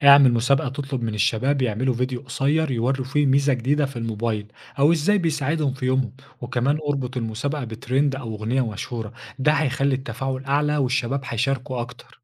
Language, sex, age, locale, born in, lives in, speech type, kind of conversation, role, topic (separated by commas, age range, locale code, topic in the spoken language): Arabic, male, 25-29, ar-EG, Egypt, Egypt, read, expert-assistant, expert, مين بيوصل للناس أكتر في مصر: الفيديوهات القصيرة على تيك توك ولا البوستات على فيسبوك؟